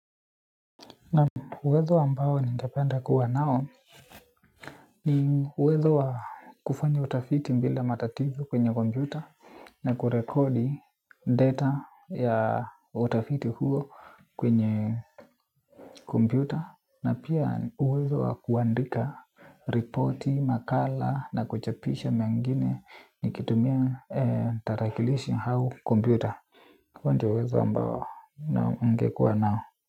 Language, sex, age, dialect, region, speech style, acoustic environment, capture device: Swahili, male, 18-24, Kenya - Nairobi Sheng-influenced, Kenya, happy, nature, desktop / Linux / Chrome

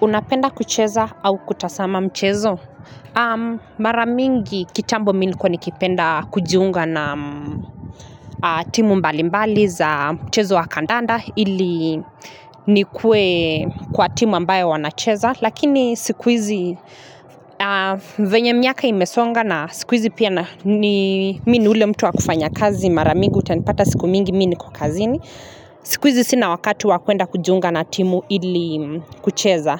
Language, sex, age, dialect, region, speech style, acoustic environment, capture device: Swahili, female, 25-34, Kenya - Nairobi Sheng-influenced, Kenya, happy; relaxed; focused, nature; people talking, mobile / Linux / Chrome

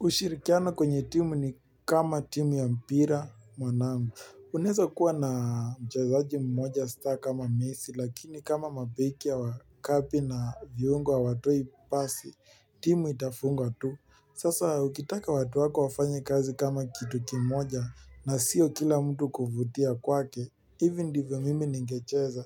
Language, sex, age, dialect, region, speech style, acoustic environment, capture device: Swahili, male, 18-24, Kenya - Nairobi Sheng-influenced, Kenya, relaxed, nature, mobile / Linux / Chrome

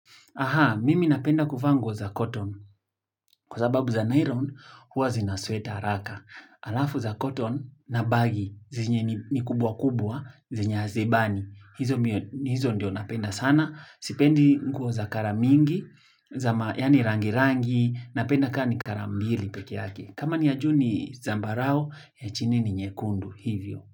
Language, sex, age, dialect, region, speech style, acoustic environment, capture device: Swahili, male, 25-34, Kenya - Nairobi Sheng-influenced, Kenya, focused, silence, mobile / Linux / Chrome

